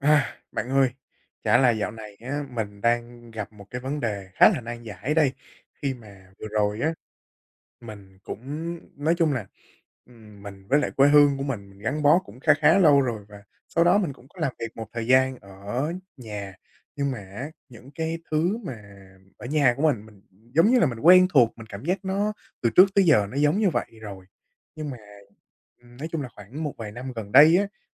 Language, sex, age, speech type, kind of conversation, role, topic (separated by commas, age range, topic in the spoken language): Vietnamese, male, 20-24, conversation, advice, user, Làm thế nào để vượt qua sự e ngại trong giao tiếp xã hội và kết bạn mới khi trưởng thành?